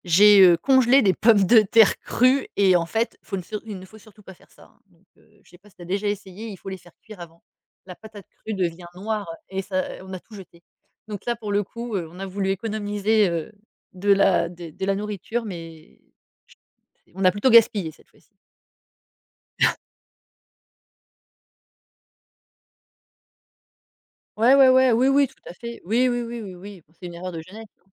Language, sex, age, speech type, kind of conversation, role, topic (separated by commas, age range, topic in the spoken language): French, female, 40-44, conversation, podcast, host, Comment fais-tu pour réduire le gaspillage alimentaire chez toi ?